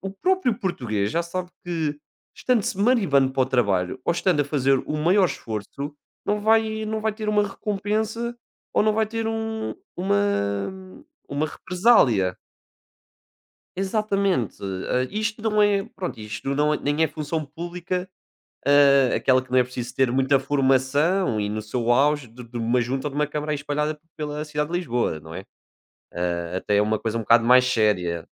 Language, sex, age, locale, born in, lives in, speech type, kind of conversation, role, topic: Portuguese, male, 20-24, pt-PT, Portugal, Portugal, conversation, unstructured, none, O que mais te irrita na política hoje?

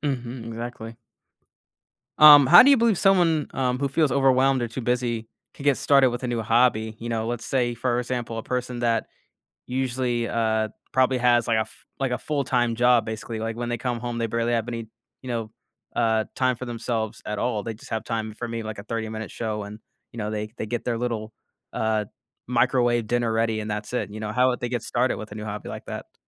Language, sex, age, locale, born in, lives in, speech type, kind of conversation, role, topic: English, male, 25-29, en-US, United States, United States, conversation, podcast, host, Why do hobbies play an important role in managing stress and well-being?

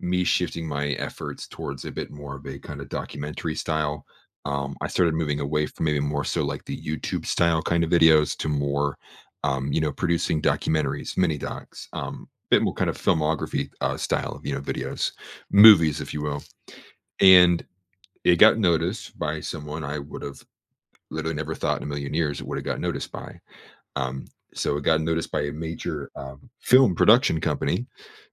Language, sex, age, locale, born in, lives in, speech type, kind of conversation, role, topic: English, male, 25-29, en-US, United States, United States, conversation, advice, user, How can I adjust to an unexpected promotion and handle new responsibilities confidently?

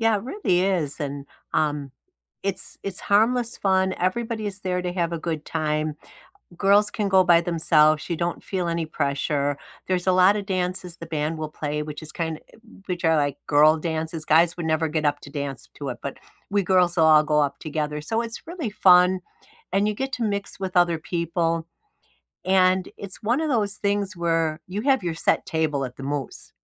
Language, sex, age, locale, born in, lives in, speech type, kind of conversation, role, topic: English, female, 65-69, en-US, United States, United States, conversation, unstructured, none, What's your favorite way to unwind after a long day?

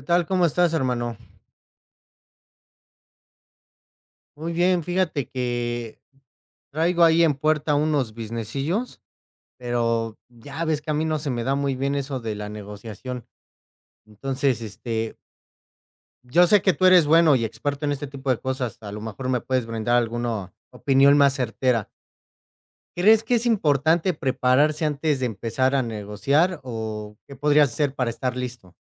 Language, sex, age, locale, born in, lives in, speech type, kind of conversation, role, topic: Spanish, male, 35-39, es-MX, Mexico, Mexico, conversation, unstructured, none, ¿Qué consejos darías para negociar con un vendedor?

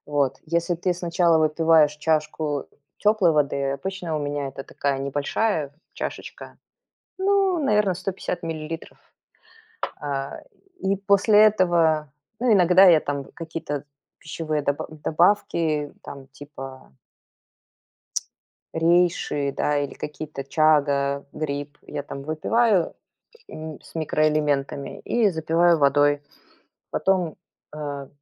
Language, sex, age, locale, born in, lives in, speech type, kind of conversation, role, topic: Russian, female, 45-49, ru-RU, Belarus, United States, conversation, podcast, guest, Как у вас проходит утренний ритуал с чаем или кофе?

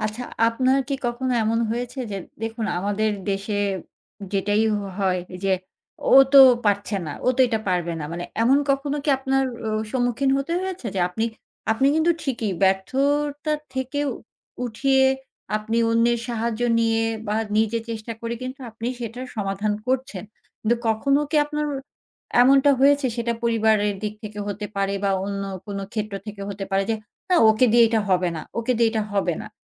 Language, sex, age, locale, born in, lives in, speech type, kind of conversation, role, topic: Bengali, female, 40-44, bn-BD, Bangladesh, Finland, conversation, podcast, host, আপনি কীভাবে “আমি পারব না” ভাবনা থেকে বেরিয়ে আসেন?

